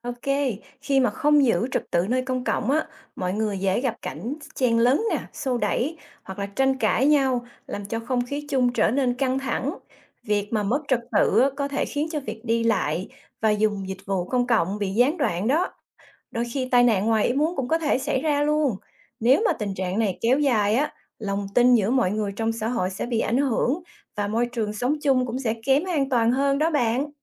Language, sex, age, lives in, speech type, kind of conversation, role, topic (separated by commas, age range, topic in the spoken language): Vietnamese, female, 45-49, United States, read, expert-assistant, expert, Ý nghĩa của việc giữ gìn trật tự nơi công cộng